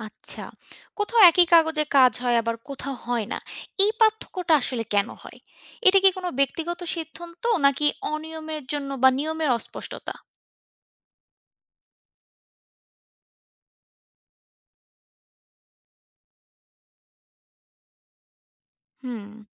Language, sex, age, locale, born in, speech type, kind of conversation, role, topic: Bengali, female, 25-29, bn-BD, Bangladesh, read, expert-assistant, asker, সরকারি পরিষেবাগুলো মাঝে মাঝে কেন ঠিকভাবে কাজ করে না, আর সাধারণ মানুষ কীভাবে সমস্যার আসল কারণ বুঝতে পারে?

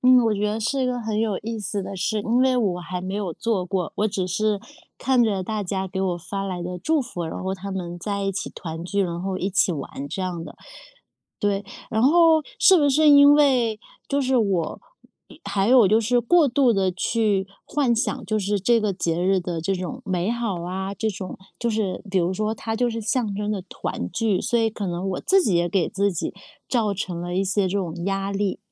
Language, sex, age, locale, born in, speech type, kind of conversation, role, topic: Chinese, female, 30-34, zh-CN, China, conversation, advice, user, 你離開家鄉後，最想念哪些傳統和食物？這種懷念讓你感到多難過？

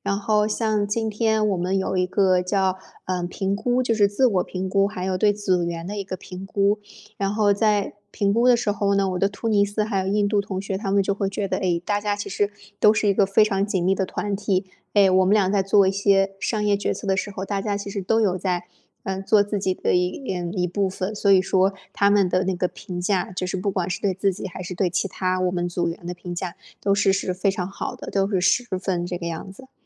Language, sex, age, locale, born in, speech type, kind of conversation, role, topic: Chinese, female, 25-29, zh-CN, China, conversation, podcast, guest, 你通常怎么判断自己是需要独处，还是更适合与人合作？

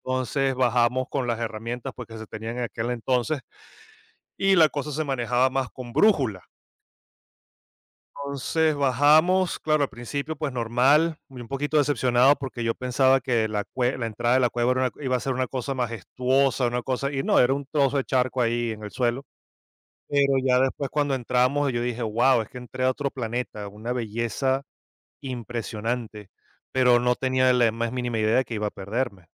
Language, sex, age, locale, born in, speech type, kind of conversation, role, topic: Spanish, male, 45-49, es-VE, Venezuela, conversation, podcast, guest, ¿Recuerdas alguna ocasión en la que falló la tecnología y terminaste desorientado/a?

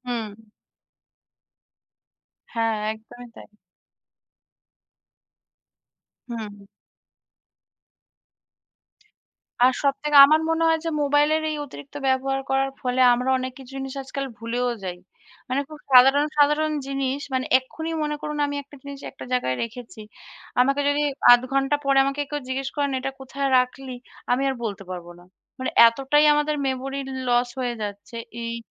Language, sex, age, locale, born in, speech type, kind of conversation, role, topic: Bengali, female, 30-34, bn-IN, India, conversation, unstructured, none, মোবাইল ফোন আপনার জীবনে কতটা প্রভাব ফেলে?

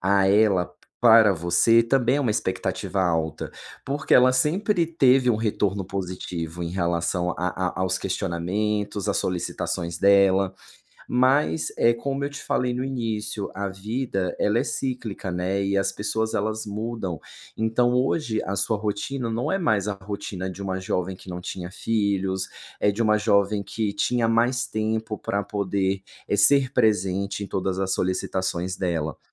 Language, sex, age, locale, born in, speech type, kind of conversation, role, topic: Portuguese, male, 35-39, pt-BR, Brazil, conversation, advice, advisor, Estabelecer limites para preservar energia mental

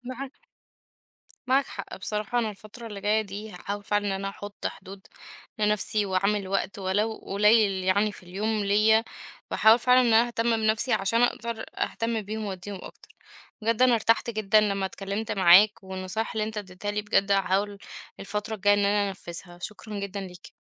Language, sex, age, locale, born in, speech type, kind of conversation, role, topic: Arabic, female, 30-34, ar-EG, Egypt, conversation, advice, user, إزاي بتوازني بين رعاية عيالك واحتياجاتك الشخصية؟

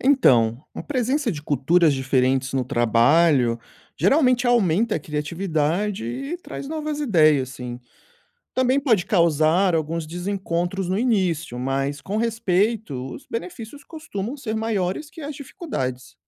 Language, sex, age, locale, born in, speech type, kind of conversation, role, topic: Portuguese, male, 30-34, pt-BR, Brazil, read, expert-assistant, expert, A troca de culturas com outros países traz mais benefícios ou desafios para a nossa sociedade?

